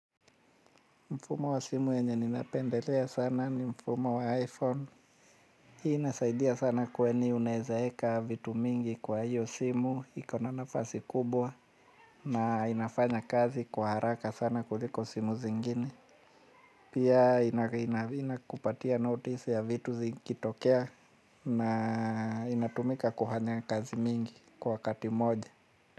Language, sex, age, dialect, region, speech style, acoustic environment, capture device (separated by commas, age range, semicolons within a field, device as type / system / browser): Swahili, male, 35-44, Kenya - Mombasa, Kenya, anxious, nature, mobile / Linux / Chrome